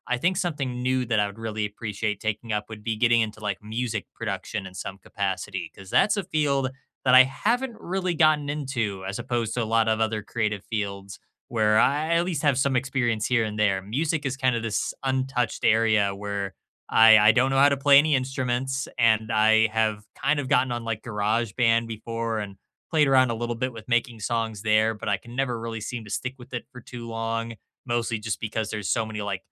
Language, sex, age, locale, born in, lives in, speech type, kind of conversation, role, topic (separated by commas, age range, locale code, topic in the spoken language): English, male, 25-29, en-US, United States, United States, conversation, unstructured, none, If you cleared space in your week, what would you make room for over the next year?